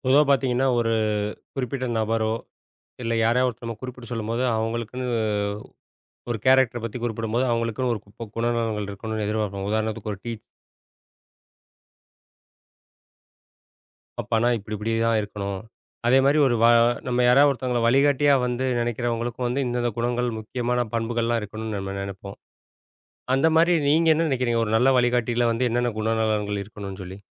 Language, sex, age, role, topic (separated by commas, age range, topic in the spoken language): Tamil, male, 40-44, host, ஒரு நல்ல வழிகாட்டியில் இருக்க வேண்டிய முக்கியமான பண்புகள் என்னென்ன?